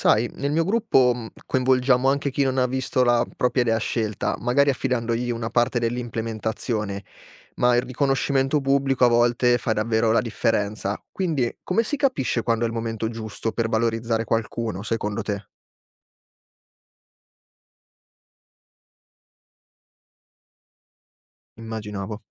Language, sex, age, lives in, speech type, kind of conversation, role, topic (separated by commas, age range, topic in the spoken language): Italian, male, 25-29, Italy, read, expert-assistant, asker, Come si può organizzare il lavoro in azienda quando sembra che non ci sia mai abbastanza tempo per tutto?